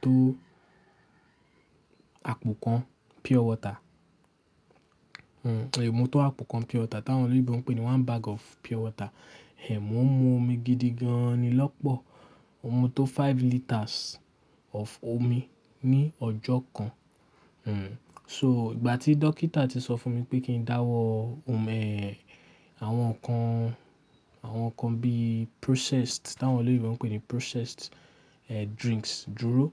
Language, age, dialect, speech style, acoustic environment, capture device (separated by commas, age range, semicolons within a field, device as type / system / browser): Yoruba, 18-24, Nigeria - Lagos Yoruba, relaxed, nature; appliances, mobile / Linux / Chrome